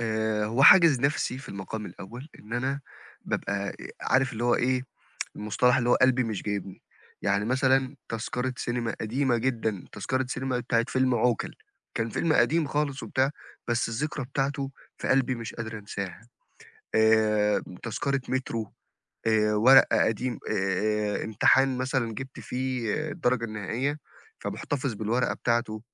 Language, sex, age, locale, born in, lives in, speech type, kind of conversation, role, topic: Arabic, male, 25-29, ar-EG, Egypt, Egypt, conversation, advice, user, إزاي أتعامل مع تكدس الحاجات في البيت ومش قادر أتخلص منها؟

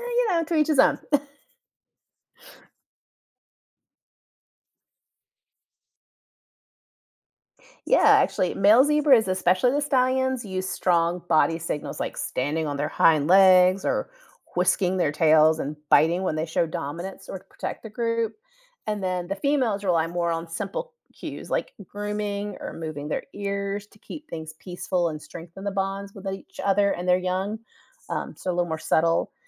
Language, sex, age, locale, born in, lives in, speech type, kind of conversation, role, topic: English, female, 45-49, en-US, United States, United States, read, expert-assistant, expert, Why do zebras have stripes?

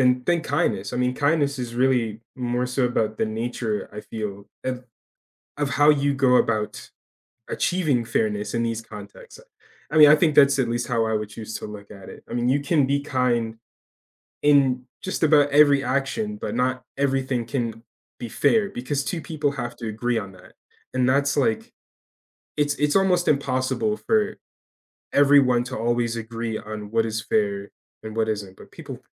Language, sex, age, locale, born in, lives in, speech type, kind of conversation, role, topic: English, male, 20-24, en-US, United States, United States, conversation, unstructured, none, How do you balance fairness and kindness in everyday tradeoffs with people you love?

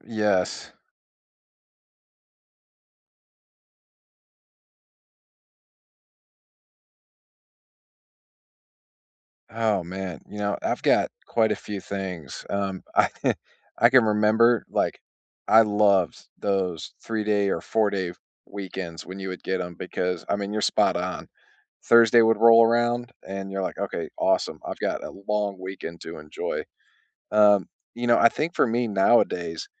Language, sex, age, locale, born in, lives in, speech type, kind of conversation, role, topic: English, male, 35-39, en-US, United States, United States, conversation, unstructured, none, What small joys brighten your week?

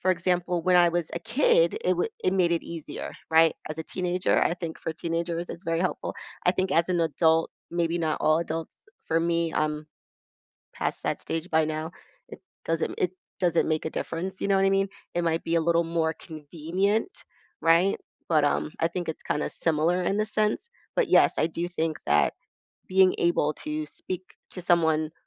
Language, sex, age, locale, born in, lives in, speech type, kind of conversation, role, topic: English, female, 40-44, en-US, United States, United States, conversation, podcast, guest, In what ways has social media influenced how we connect and interact with others?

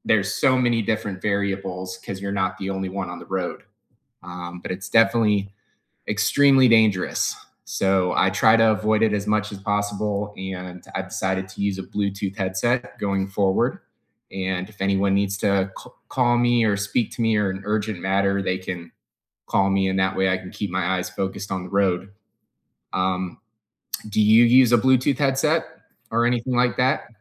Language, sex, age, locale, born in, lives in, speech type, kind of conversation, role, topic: English, male, 35-39, en-US, United States, United States, conversation, unstructured, none, Why do people get so upset about texting while driving?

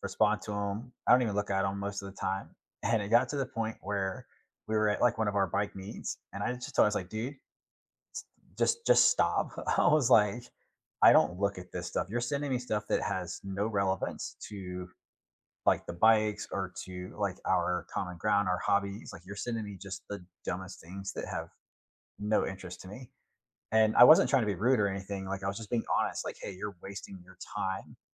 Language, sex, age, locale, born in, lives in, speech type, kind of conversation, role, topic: English, male, 40-44, en-US, United States, United States, conversation, unstructured, none, How have your phone habits evolved from your first device to today, and why?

